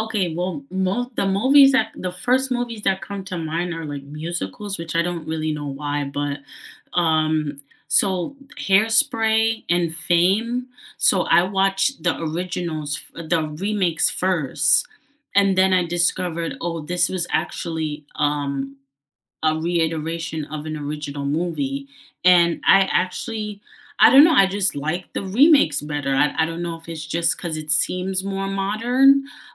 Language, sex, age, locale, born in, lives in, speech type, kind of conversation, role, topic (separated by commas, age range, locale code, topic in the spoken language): English, female, 25-29, en-US, United States, United States, conversation, unstructured, none, Which do you prefer overall: remakes or originals?